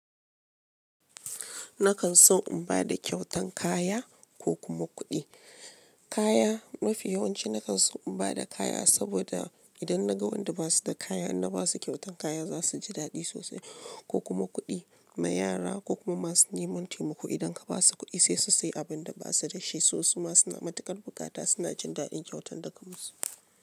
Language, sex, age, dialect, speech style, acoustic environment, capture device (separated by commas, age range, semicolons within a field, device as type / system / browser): Hausa, female, 35-44, Nigeria - Lagos Yoruba, happy, silence, mobile / Linux / Chrome